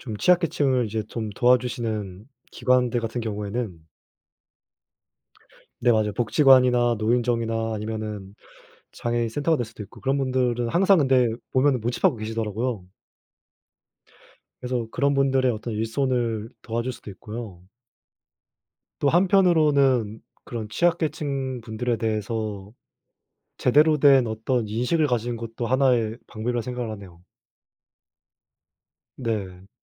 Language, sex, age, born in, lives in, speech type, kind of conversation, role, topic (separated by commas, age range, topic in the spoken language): Korean, male, 20-24, South Korea, South Korea, conversation, unstructured, none, 사회적 약자를 도와야 하는 이유는 무엇이라고 생각하나요?